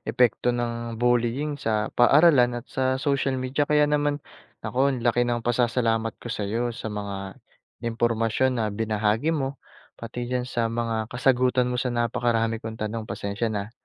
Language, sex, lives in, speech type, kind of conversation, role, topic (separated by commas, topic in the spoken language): Filipino, male, Philippines, read, expert-assistant, asker, Ano ang epekto ng panliligalig o bullying sa paaralan?